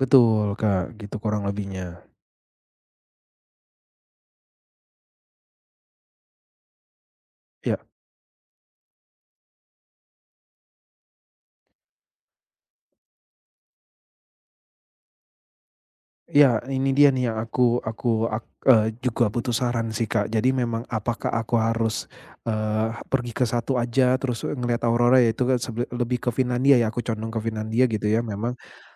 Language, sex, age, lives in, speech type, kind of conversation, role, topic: Indonesian, male, 35-39, Indonesia, conversation, advice, user, Bagaimana cara mengatur anggaran liburan dengan dana terbatas?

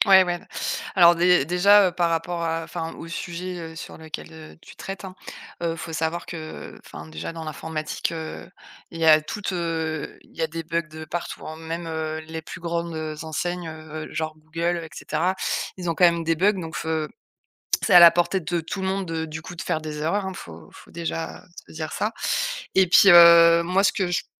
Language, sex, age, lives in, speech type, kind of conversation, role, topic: French, female, 35-39, France, conversation, advice, advisor, Comment le perfectionnisme vous empêche-t-il de terminer vos idées ?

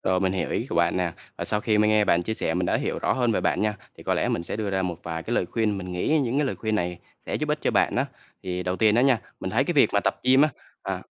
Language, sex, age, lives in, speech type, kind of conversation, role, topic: Vietnamese, male, 25-29, Vietnam, conversation, advice, advisor, Làm sao để bắt đầu và duy trì thói quen tập thể dục đều đặn?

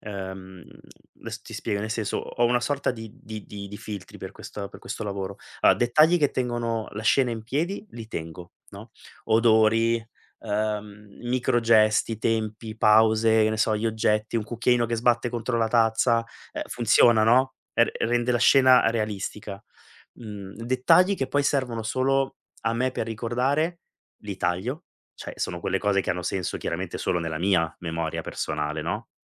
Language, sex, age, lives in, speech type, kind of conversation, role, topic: Italian, male, 40-44, Italy, conversation, podcast, guest, Come trasformare esperienze personali in storie dal valore universale?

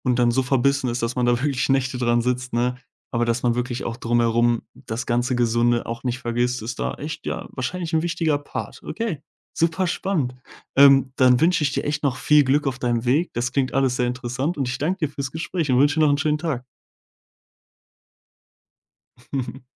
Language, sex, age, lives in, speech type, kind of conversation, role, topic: German, male, 20-24, Germany, conversation, podcast, host, Wie gehst du damit um, wenn eine Entscheidung schiefgeht?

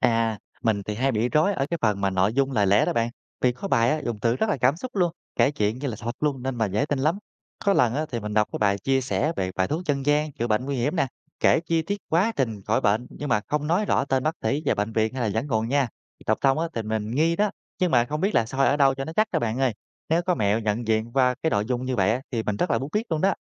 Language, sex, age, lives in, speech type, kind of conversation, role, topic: Vietnamese, male, 30-34, Vietnam, read, expert-assistant, asker, Làm sao để nhận biết và tránh bị lừa bởi thông tin sai về sức khỏe trên mạng xã hội?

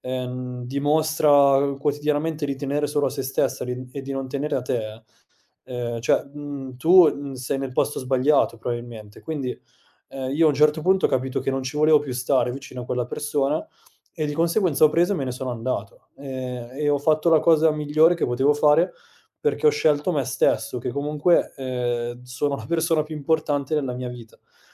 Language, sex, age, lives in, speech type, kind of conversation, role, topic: Italian, male, 30-34, Italy, conversation, podcast, guest, Come usi l'onestà per creare fiducia nelle conversazioni?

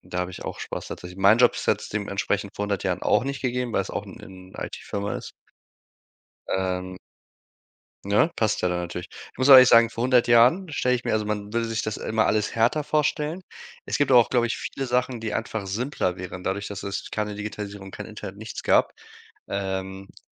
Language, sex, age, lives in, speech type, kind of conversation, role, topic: German, male, 25-29, Germany, conversation, unstructured, none, Wie stellst du dir das Leben vor 100 Jahren vor?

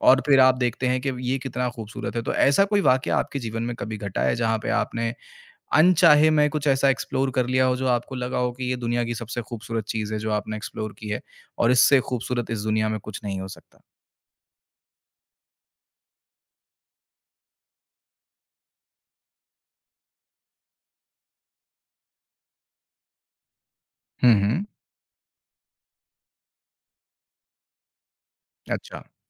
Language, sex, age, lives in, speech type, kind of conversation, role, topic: Hindi, male, 25-29, India, conversation, podcast, host, Kya kabhi galat mod ki wajah se tumhe koi bilkul unexpected jagah mil gayi hai?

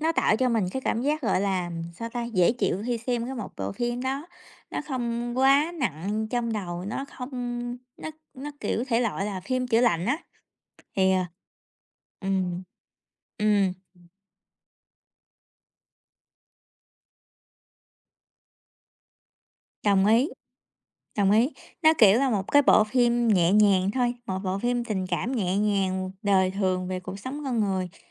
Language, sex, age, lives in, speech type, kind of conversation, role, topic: Vietnamese, female, 30-34, United States, conversation, unstructured, none, Điều gì khiến một bộ phim trở thành kinh điển trong lòng bạn?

